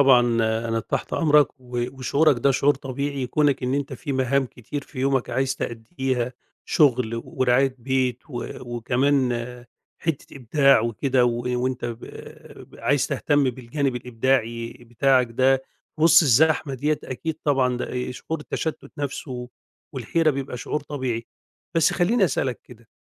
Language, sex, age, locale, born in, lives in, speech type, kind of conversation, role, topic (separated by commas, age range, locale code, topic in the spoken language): Arabic, male, 50-54, ar-EG, Egypt, Egypt, conversation, advice, advisor, إزاي أقدر أركّز وسط ضغط الشغل والبيت عشان ألاقي وقت للإبداع؟